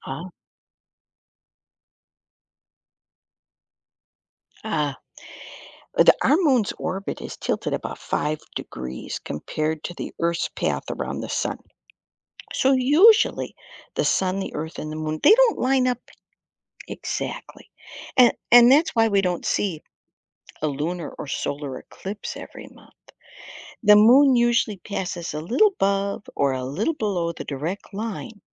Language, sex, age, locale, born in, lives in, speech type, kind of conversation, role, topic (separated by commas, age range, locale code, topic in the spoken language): English, female, 60-64, en-US, United States, United States, read, expert-assistant, expert, Why does the Moon have phases?